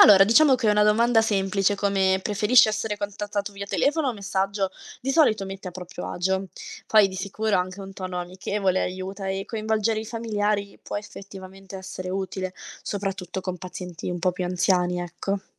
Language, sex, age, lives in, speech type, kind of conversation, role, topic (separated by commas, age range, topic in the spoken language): Italian, female, 20-24, Italy, read, expert-assistant, expert, Quali soluzioni creative potremmo trovare per rendere più semplice l'accesso alle cure mediche?